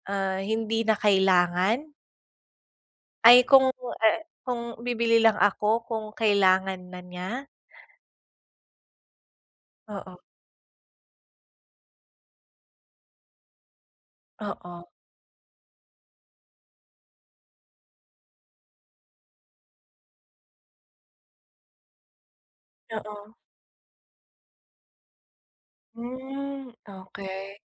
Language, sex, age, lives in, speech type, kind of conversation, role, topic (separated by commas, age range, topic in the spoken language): Filipino, female, 20-24, Philippines, conversation, advice, advisor, Paano mo masusukat kung kailan ka dapat makinig sa opinyon ng iba at kailan ka dapat magtiwala sa sarili mong pananaw?